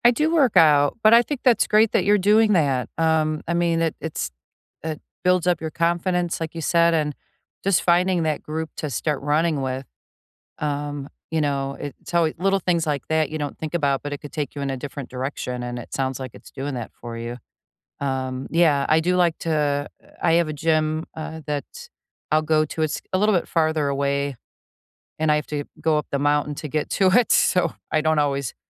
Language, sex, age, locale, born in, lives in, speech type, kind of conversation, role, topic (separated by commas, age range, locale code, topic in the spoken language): English, female, 55-59, en-US, United States, United States, conversation, unstructured, none, What small daily habit has recently brightened your days, and why does it help you?